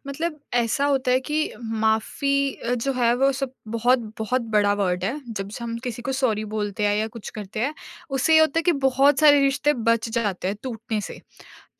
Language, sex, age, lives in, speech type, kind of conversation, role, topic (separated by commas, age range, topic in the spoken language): Hindi, female, 20-24, India, conversation, podcast, guest, Maafi dene aur lene ko lekar tum kya sochte ho?